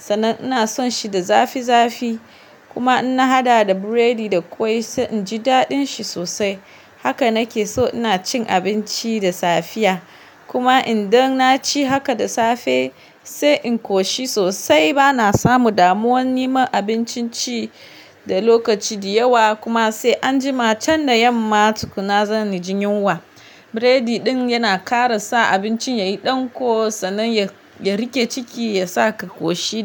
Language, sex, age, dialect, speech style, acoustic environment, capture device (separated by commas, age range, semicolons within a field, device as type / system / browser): Hausa, female, 25-34, Nigeria - Kano, relaxed; focused; happy, nature; silence, mobile / Linux / Chrome